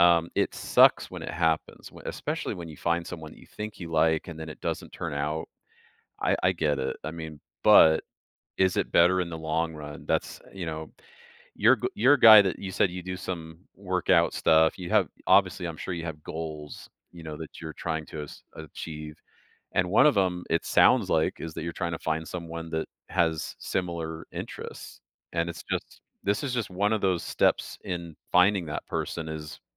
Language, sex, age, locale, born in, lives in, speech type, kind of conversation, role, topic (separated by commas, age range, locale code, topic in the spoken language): English, male, 45-49, en-US, Canada, United States, conversation, advice, advisor, How do I heal and rebuild my life after a sudden breakup from a long-term relationship?